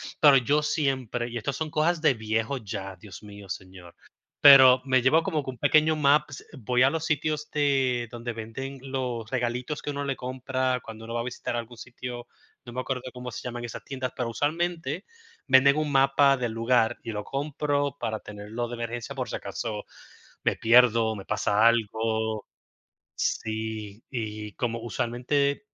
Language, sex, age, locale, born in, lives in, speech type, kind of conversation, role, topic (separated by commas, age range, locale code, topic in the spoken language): Spanish, male, 35-39, es-US, Puerto Rico, United States, conversation, unstructured, none, ¿Alguna vez te has perdido durante un viaje? ¿Qué pasó?